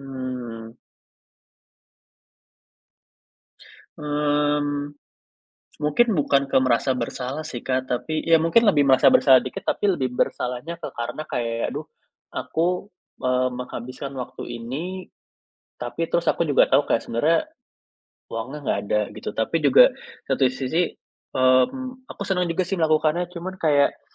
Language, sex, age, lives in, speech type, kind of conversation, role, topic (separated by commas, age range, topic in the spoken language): Indonesian, male, 25-29, Indonesia, conversation, advice, user, Bagaimana cara menyeimbangkan tugas rutin dengan waktu kreatif setiap hari?